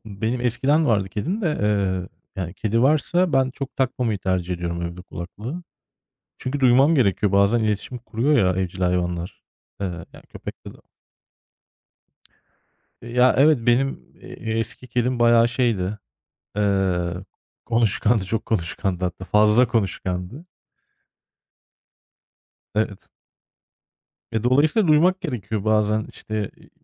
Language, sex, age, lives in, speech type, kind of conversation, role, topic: Turkish, male, 35-39, Germany, conversation, unstructured, none, Çalışırken motivasyonunu nasıl koruyorsun?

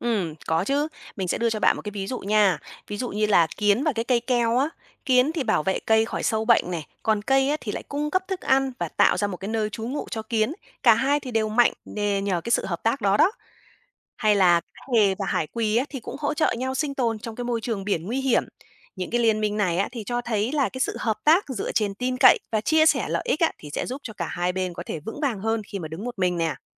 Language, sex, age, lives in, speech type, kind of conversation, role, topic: Vietnamese, female, 30-34, Vietnam, read, expert-assistant, expert, Từ việc quan sát địa chất, mình có thể rút ra bài học nào về thích nghi khi môi trường sống thay đổi?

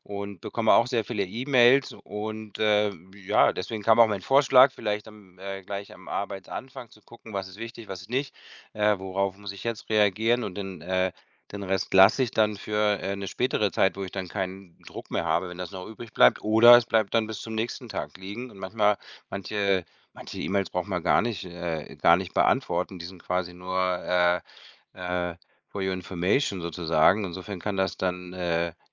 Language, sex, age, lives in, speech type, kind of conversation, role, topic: German, male, 50-54, Spain, conversation, advice, advisor, Wie kann ich meinen Fokus behalten, wenn ich ständig bei der Arbeit unterbrochen werde?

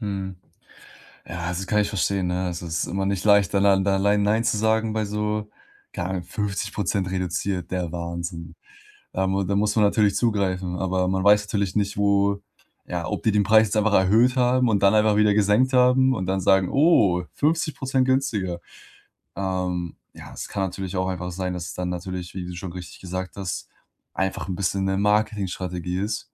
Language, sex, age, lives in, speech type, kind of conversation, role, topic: German, male, 20-24, Germany, conversation, advice, advisor, Wie kann ich Impulskäufe reduzieren und meine Ausgabendisziplin verbessern?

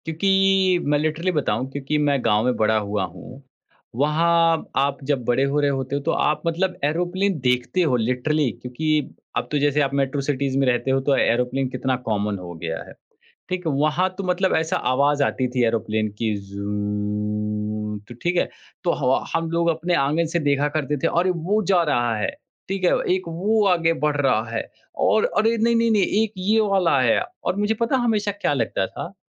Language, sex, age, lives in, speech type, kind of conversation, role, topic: Hindi, male, 30-34, India, conversation, podcast, guest, Bachpan ki koi yaadgar toy yaad hai, batana?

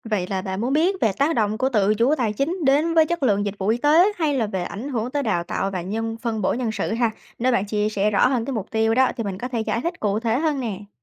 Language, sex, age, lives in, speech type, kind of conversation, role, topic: Vietnamese, female, 20-24, United States, read, expert-assistant, expert, Nếu muốn tìm hiểu về cách các bệnh viện ở Việt Nam vận hành hiệu quả, bạn nên bắt đầu từ đâu hoặc hỏi ai?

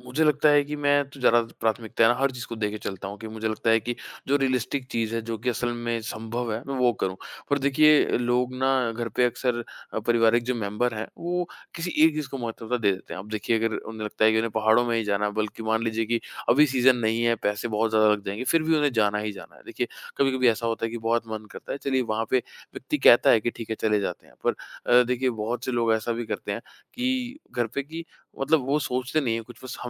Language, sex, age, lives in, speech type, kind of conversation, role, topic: Hindi, male, 25-29, India, conversation, advice, user, छुट्टियों या पारिवारिक आयोजन की योजना बनाते समय आपका झगड़ा किस बात पर हुआ था?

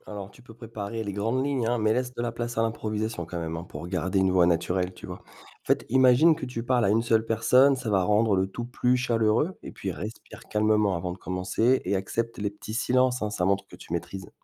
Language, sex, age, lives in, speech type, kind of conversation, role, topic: French, male, 40-44, France, read, expert-assistant, expert, Quelles sont les astuces pour apprendre à parler avec naturel devant un micro ?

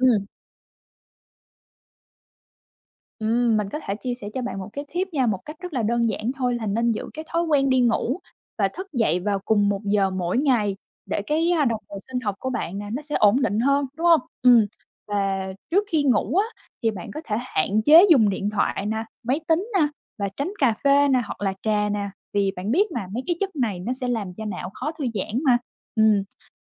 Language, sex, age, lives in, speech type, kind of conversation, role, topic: Vietnamese, female, 25-29, United States, read, expert-assistant, expert, Vì sao con người cần ngủ mỗi ngày?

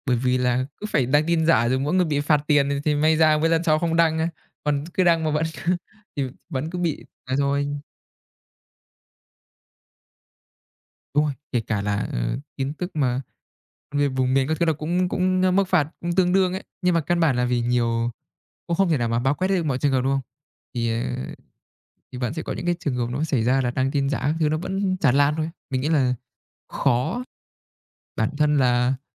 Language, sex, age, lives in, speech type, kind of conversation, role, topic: Vietnamese, male, 20-24, Vietnam, conversation, unstructured, none, Bạn nghĩ mạng xã hội tác động như thế nào đến việc cập nhật tin tức hằng ngày?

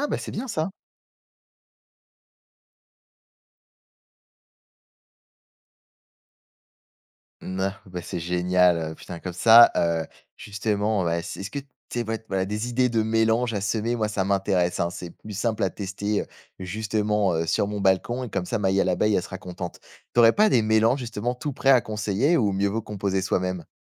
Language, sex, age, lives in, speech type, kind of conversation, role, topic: French, male, 20-24, France, read, expert-assistant, asker, Quelles pourraient être les causes d'une disparition soudaine des abeilles dans une région urbaine ?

